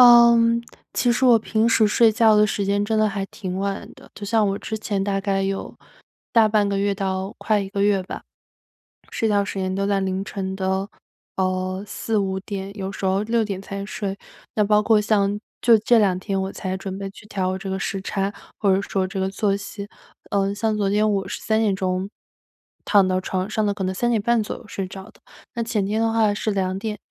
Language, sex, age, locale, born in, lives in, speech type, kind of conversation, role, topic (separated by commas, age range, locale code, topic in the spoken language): Chinese, female, 25-29, zh-CN, China, United States, conversation, advice, user, 如何改善睡眠质量，让我早上醒来更有精神？